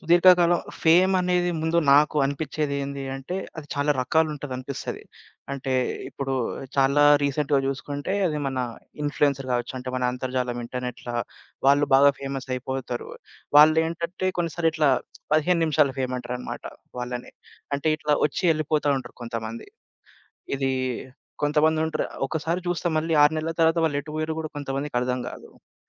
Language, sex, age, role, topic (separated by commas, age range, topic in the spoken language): Telugu, male, 25-29, guest, ఖ్యాతిని దీర్ఘకాలం నిలబెట్టేది ఏమిటి?